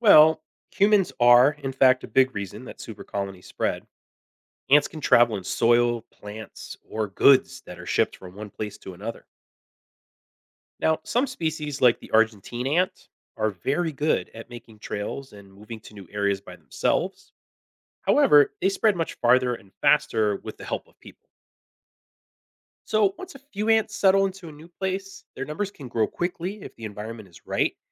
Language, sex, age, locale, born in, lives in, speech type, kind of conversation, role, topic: English, male, 40-44, en-US, United States, United States, read, expert-assistant, expert, How do ants find their way back to their nest?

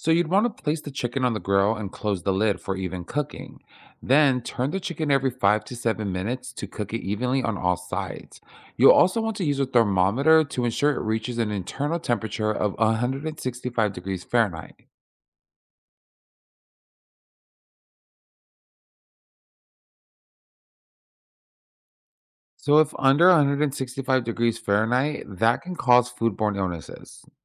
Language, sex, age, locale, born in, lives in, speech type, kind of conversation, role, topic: English, male, 30-34, en-US, United States, United States, read, expert-assistant, expert, How to cook BBQ chicken?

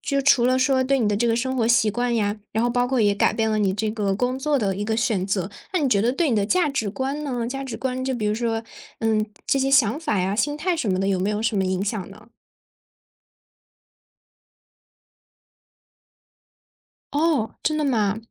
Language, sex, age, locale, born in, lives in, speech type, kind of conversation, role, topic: Chinese, female, 20-24, zh-CN, China, Germany, conversation, podcast, host, 一次意外的相遇对你产生了哪些影响？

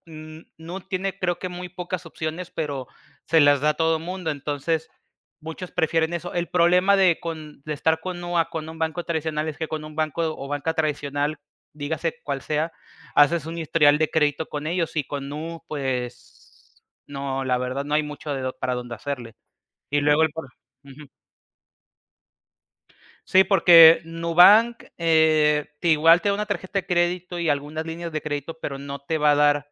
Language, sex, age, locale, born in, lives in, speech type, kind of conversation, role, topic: Spanish, male, 25-29, es-MX, Mexico, Mexico, conversation, podcast, guest, ¿Cómo te proteges del fraude y del phishing en internet?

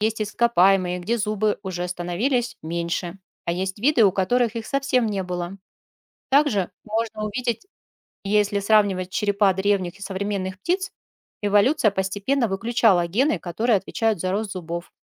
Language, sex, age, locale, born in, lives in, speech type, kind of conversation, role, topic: Russian, female, 40-44, ru-RU, Russia, Spain, read, expert-assistant, expert, Почему у пингвинов нет зубов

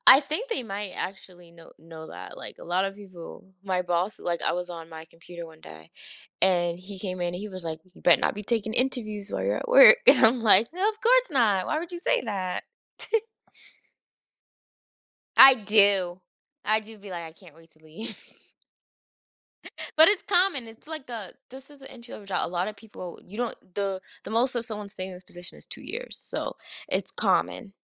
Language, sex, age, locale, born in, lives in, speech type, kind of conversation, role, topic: English, female, 30-34, en-US, United States, United States, conversation, advice, user, How can I address feeling unappreciated at work and improve recognition?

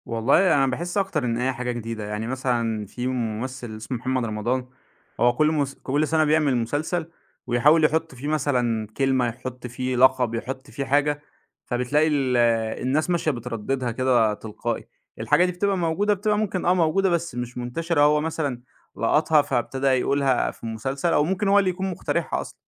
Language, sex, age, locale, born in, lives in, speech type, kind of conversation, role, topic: Arabic, male, 25-29, ar-EG, Egypt, Egypt, conversation, podcast, guest, ليه بعض المسلسلات بتبقى ترند فجأة؟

